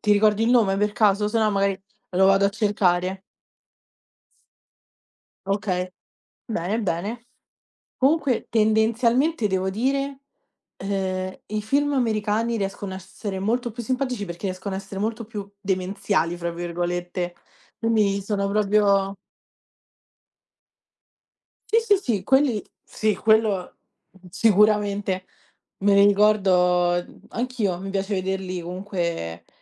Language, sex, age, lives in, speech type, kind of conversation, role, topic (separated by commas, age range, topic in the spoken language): Italian, female, 20-24, Italy, conversation, unstructured, none, Qual è il film che ti ha fatto ridere di più?